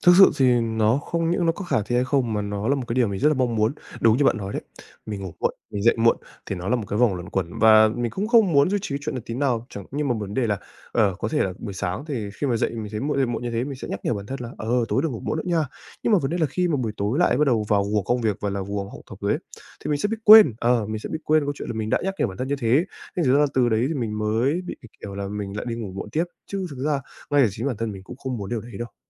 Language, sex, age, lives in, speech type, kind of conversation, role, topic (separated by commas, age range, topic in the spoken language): Vietnamese, male, 20-24, Japan, conversation, advice, user, Làm sao để duy trì các thói quen của bạn khi cuộc sống có một thay đổi lớn?